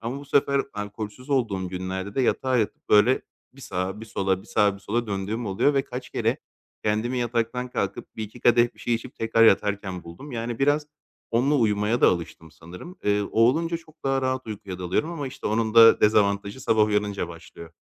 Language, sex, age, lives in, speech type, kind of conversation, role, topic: Turkish, male, 30-34, Greece, conversation, advice, user, Tutarlı bir yatma zamanı alışkanlığı oluşturmak için nereden başlamalıyım?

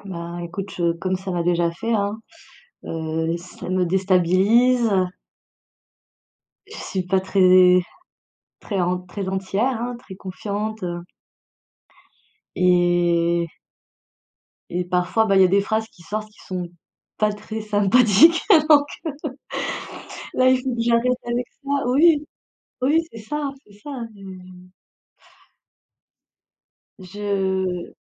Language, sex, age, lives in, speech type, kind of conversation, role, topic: French, female, 40-44, France, conversation, advice, user, Hésites-tu à commencer à sortir avec quelqu’un de nouveau ?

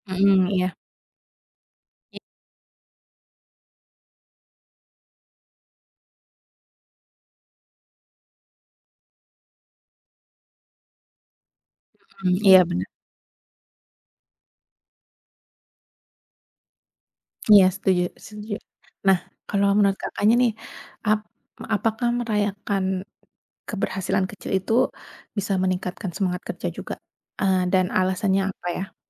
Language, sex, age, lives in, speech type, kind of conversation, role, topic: Indonesian, female, 30-34, Indonesia, conversation, unstructured, none, Bagaimana kamu merayakan keberhasilan kecil di kantor?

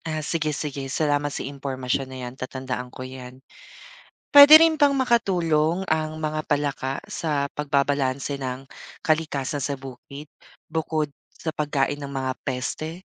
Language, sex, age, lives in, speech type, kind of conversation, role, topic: Filipino, male, 25-29, Philippines, read, expert-assistant, asker, Paano nakakatulong ang mga palaka sa kontrol ng peste sa bukid?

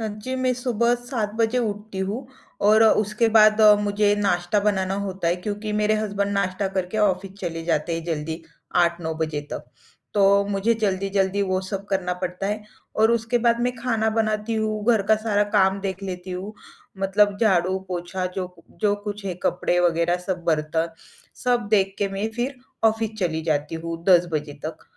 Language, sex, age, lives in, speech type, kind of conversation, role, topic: Hindi, female, 25-29, India, conversation, advice, user, मैं घर या कार्यालय को रोज़ व्यवस्थित रखने की आदत कैसे बना सकता/सकती हूँ?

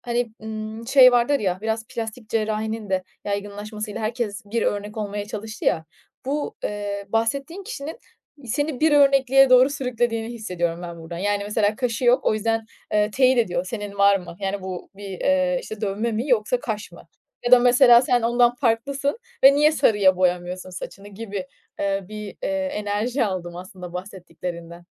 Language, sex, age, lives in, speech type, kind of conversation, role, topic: Turkish, female, 25-29, Italy, conversation, advice, advisor, Kıyafet ve görünüş seçimlerimin başkaları tarafından yargılanmasından neden korkuyorum?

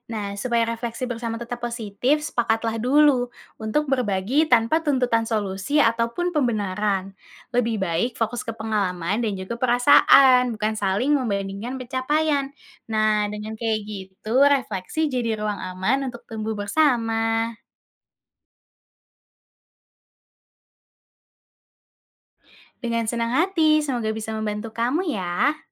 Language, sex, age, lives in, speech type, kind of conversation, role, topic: Indonesian, female, 20-24, Indonesia, read, expert-assistant, expert, Bagaimana kita tahu tujuan yang kita pilih benar-benar mencerminkan diri kita, bukan hanya ikut-ikutan orang lain?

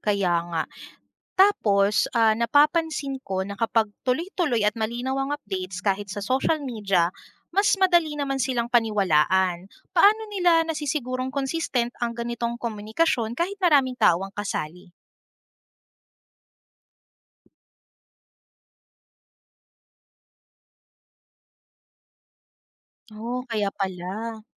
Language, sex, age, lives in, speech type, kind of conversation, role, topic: Filipino, female, 30-34, Philippines, read, expert-assistant, asker, Paano mo ipapaliwanag ang public relations sa isang kaibigan na hindi nagtatrabaho sa media o negosyo?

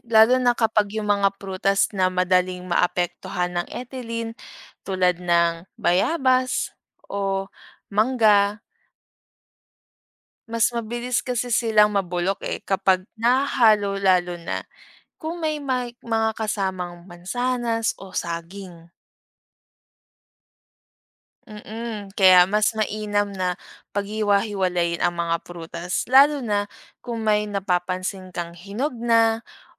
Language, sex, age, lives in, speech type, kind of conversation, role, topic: Filipino, female, 25-29, Philippines, read, expert-assistant, expert, Bakit may mga prutas na mabilis mabulok?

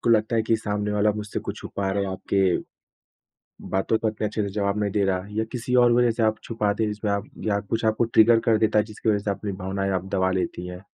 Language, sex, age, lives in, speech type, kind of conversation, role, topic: Hindi, male, 25-29, India, conversation, advice, advisor, दुख होने पर आप अपनी भावनाएँ दबाकर लोगों से दूर क्यों हो जाते हैं?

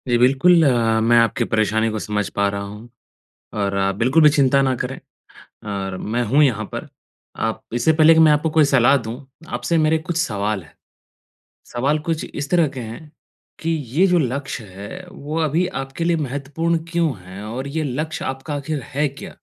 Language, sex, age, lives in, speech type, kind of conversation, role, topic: Hindi, male, 25-29, India, conversation, advice, advisor, बदलते हालात के अनुसार मैं अपने लक्ष्यों को कैसे संशोधित कर सकता/सकती हूँ?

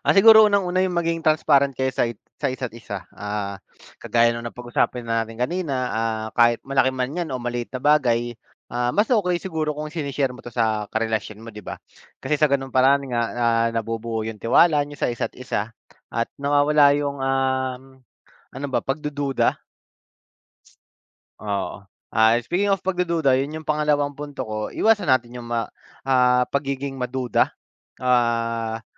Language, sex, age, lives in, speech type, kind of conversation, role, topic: Filipino, male, 30-34, Philippines, conversation, unstructured, none, Ano ang inyong mga paraan upang mabuo at mapanatili ang tiwala sa inyong kapareha?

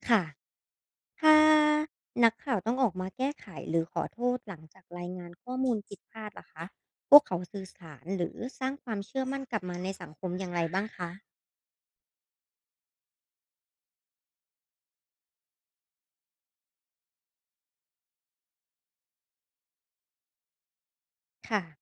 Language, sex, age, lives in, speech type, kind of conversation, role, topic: Thai, female, 25-29, Thailand, read, expert-assistant, asker, นักข่าวมีวิธีฝึกสังเกตข่าวจริงกับข่าวปลอมในชีวิตประจำวันยังไงบ้าง?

